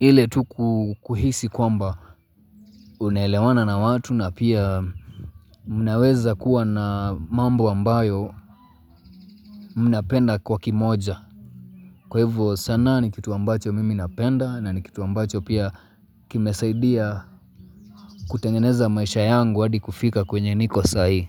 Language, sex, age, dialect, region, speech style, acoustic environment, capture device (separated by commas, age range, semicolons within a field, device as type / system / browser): Swahili, male, 25-34, Kenya - Mombasa, Kenya, happy; relaxed, nature, mobile / Linux / Chrome